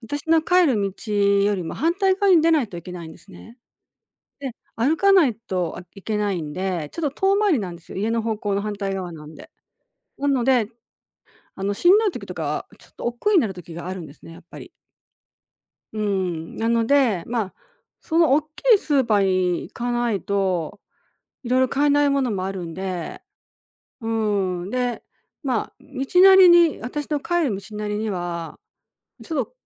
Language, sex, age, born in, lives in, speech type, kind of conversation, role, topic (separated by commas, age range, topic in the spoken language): Japanese, female, 60-64, Japan, Japan, conversation, advice, user, 買い物で気分を紛らわせた後に自己嫌悪してしまうのはなぜですか？